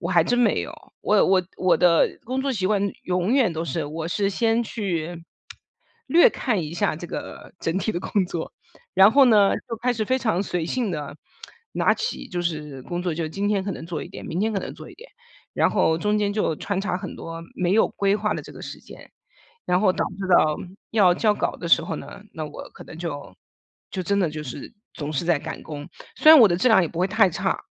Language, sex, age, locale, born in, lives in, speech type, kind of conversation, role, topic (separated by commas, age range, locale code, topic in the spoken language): Chinese, female, 40-44, zh-CN, China, United States, conversation, advice, user, 我总是在开始复杂任务时拖延，怎样才能准备好并专注工作？